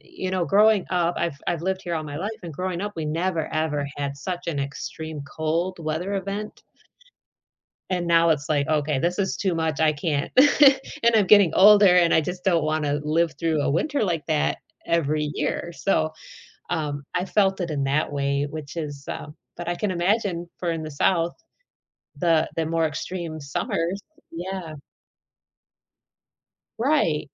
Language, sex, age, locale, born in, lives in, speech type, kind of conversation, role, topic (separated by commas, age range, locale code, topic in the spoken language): English, female, 40-44, en-US, United States, United States, conversation, podcast, host, In what ways is climate change reshaping our environment and daily lives?